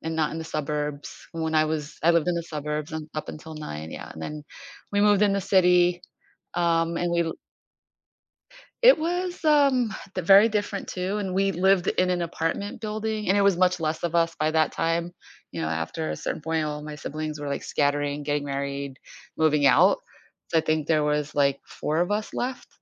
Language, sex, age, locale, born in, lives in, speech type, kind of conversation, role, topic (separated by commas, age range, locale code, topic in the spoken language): English, female, 50-54, en-US, United States, United States, conversation, advice, user, How can I preserve memories of my childhood home?